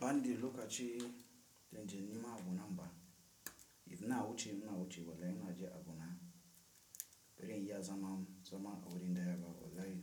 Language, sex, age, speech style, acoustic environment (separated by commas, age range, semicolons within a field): Hausa, male, 18-24, stressed, silence; appliances